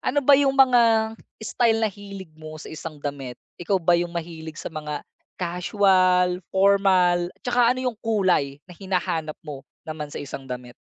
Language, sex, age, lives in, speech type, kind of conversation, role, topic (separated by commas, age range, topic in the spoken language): Filipino, male, 30-34, Philippines, conversation, advice, advisor, Paano ako pipili ng damit na babagay at komportable para sa akin?